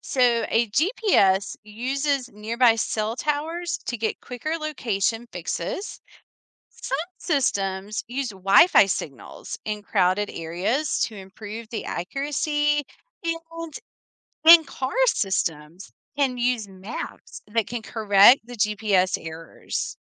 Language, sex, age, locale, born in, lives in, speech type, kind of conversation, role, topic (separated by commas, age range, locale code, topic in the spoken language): English, female, 45-49, en-US, United States, United States, read, expert-assistant, expert, How does a GPS work to help with navigation?